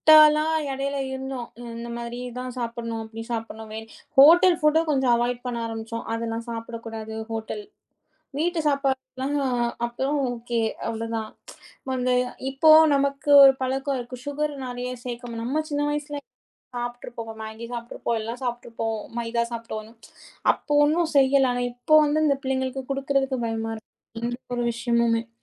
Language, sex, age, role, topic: Tamil, female, 30-34, guest, மனமும் உடலும் சமநிலையில் இருக்க நீங்கள் எந்தப் பழக்கங்களைப் பின்பற்றுகிறீர்கள்?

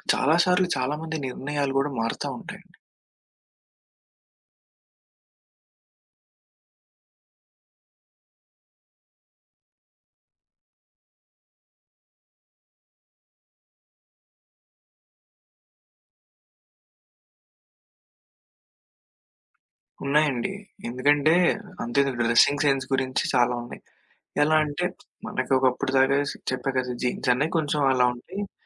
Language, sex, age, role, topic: Telugu, male, 20-24, guest, సామాజిక మాధ్యమాల్లో కనిపించే ట్రెండ్లు మీ నిర్ణయాలను ఎలా ప్రభావితం చేసి మార్చేస్తాయి?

